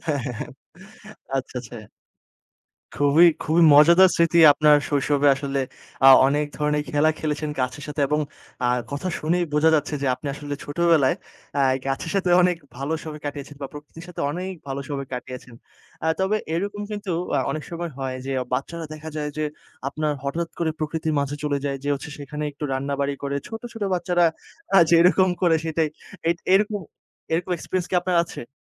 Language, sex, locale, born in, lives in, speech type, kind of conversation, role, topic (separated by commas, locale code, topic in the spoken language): Bengali, male, bn-BD, Bangladesh, Bangladesh, conversation, podcast, host, শৈশবে প্রকৃতির মাঝে কাটানো কোনো স্মৃতি কি শেয়ার করতে পারবেন?